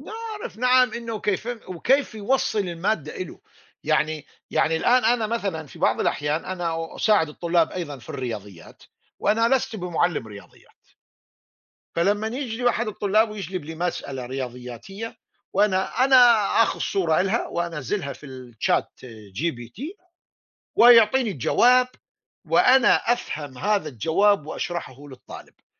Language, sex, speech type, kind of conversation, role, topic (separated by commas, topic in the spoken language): Arabic, male, conversation, unstructured, none, إزاي الاكتشافات العلمية بتأثر على حياتنا اليومية؟